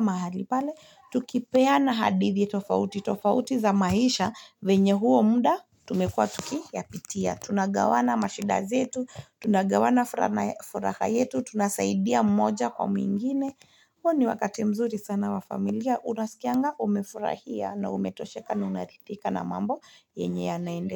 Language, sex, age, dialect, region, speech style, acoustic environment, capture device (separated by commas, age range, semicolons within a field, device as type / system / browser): Swahili, female, 25-34, Kenya - Nairobi Sheng-influenced, Kenya, relaxed; happy, silence, mobile / Linux / Chrome